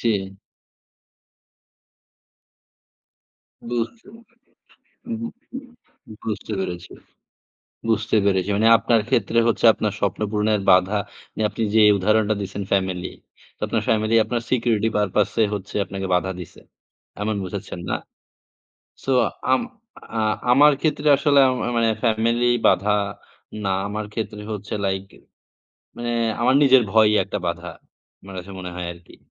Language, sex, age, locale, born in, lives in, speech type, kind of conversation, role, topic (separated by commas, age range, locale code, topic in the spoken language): Bengali, male, 20-24, bn-BD, Bangladesh, Bangladesh, conversation, unstructured, none, তোমার স্বপ্ন পূরণের পথে সবচেয়ে বড় বাধা কী হতে পারে?